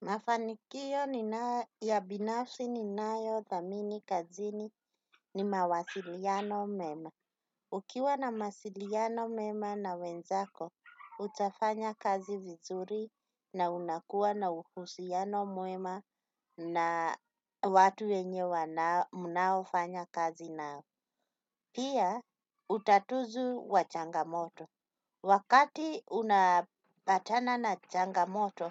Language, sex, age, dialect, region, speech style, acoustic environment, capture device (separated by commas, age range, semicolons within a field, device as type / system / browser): Swahili, female, 35-44, Kenya - Nairobi Sheng-influenced, Kenya, relaxed, silence, desktop / Windows / Chrome